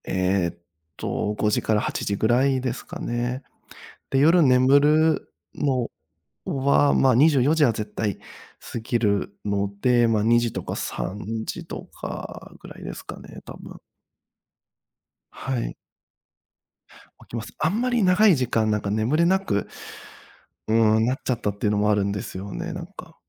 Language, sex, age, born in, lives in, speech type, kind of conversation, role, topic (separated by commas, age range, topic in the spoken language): Japanese, male, 30-34, Japan, Japan, conversation, advice, user, 仕事で寝不足が続き、集中力が落ちているのですが、どうすれば改善できますか？